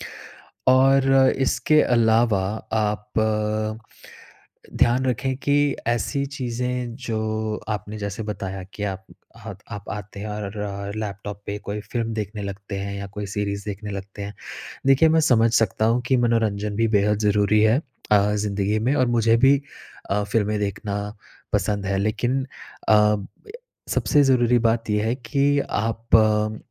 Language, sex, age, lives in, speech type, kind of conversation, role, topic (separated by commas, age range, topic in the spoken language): Hindi, male, 30-34, India, conversation, advice, advisor, मुझे प्रेरणा बनाए रखना मुश्किल लगता है, मैं कैसे लगातार काम कर सकूँ?